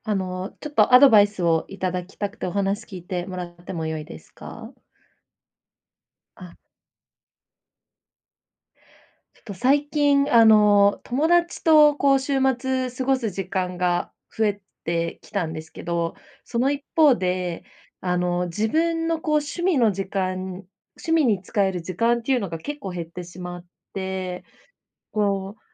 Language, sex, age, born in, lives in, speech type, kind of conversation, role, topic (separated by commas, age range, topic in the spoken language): Japanese, female, 25-29, Japan, Japan, conversation, advice, user, 趣味の時間を作るにはどうすればいいですか？